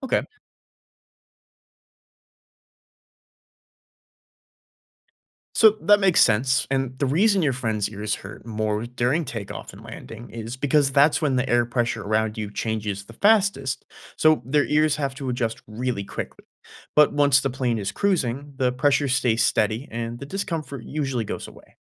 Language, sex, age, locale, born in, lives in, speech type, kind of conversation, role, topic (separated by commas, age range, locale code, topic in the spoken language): English, male, 20-24, en-US, United States, United States, read, expert-assistant, expert, Why do ears pop during altitude changes?